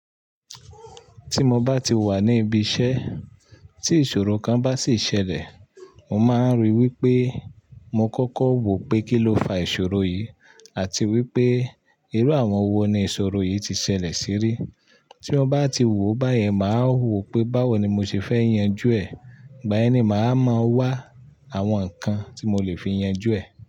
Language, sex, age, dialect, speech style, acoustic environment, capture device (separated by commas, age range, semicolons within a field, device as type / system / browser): Yoruba, male, 35-44, Nigeria - Ibadan, focused, nature, mobile / Linux / Chrome